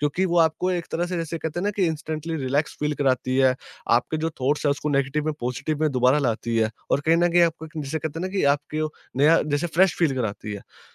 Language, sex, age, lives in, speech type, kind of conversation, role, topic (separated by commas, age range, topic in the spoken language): Hindi, male, 20-24, India, conversation, podcast, guest, Kaunsa simple shauk tumhe turant aaram de deta hai?